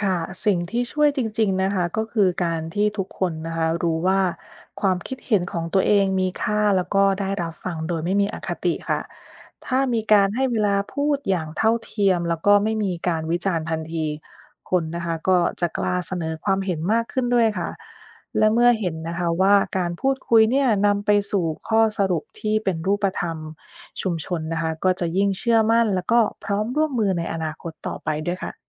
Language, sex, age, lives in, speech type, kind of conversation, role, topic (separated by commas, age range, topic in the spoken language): Thai, female, 30-34, United States, read, expert-assistant, expert, ทำไมพิธีกรรมทางศาสนาถึงเปลี่ยนไปตามยุคสมัย? มีอะไรที่ยังคงเหมือนเดิมบ้าง